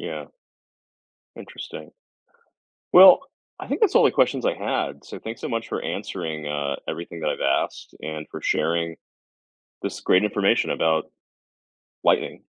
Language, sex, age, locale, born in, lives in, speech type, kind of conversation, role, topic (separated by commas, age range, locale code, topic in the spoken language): English, male, 40-44, en-US, United States, United States, read, expert-assistant, asker, What should you know about lightning and staying safe during thunderstorms?